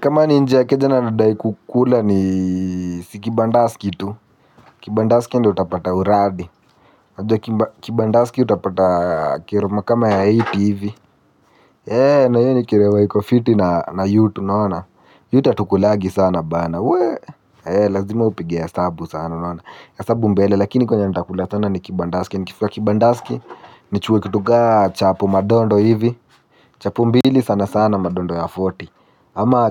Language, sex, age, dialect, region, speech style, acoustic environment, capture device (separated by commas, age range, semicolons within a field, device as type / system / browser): Swahili, male, 18-24, Kenya - Nairobi Sheng-influenced, Kenya, happy, nature, mobile / Linux / Chrome